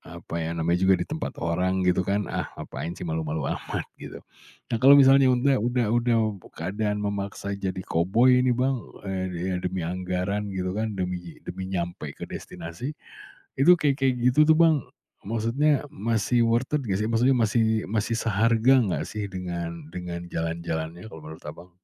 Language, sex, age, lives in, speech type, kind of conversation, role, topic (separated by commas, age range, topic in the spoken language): Indonesian, male, 40-44, Indonesia, conversation, podcast, host, Gimana cara kamu mengatur anggaran saat bepergian sendiri?